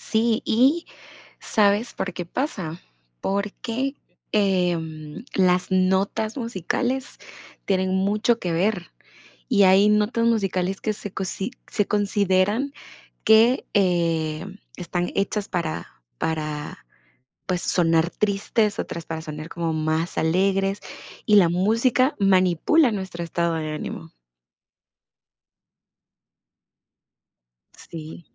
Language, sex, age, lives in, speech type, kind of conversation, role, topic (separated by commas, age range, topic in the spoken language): Spanish, female, 18-19, United States, conversation, unstructured, none, ¿Cómo crees que la música influye en nuestro estado de ánimo?